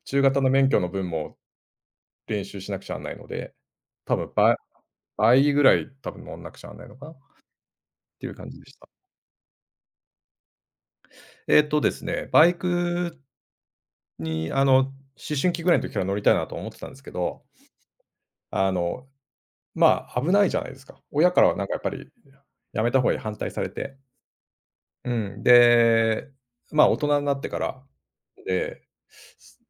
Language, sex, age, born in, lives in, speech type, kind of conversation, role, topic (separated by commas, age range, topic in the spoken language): Japanese, male, 50-54, Japan, Japan, conversation, podcast, guest, あのとき諦めなくてよかったと思える経験を教えてください。